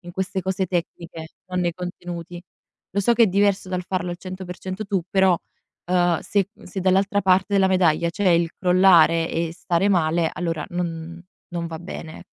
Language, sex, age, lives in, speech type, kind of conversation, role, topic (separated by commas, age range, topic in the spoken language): Italian, female, 20-24, Italy, conversation, advice, advisor, In che modo il sovraccarico e il rischio di burnout stanno bloccando i tuoi progressi?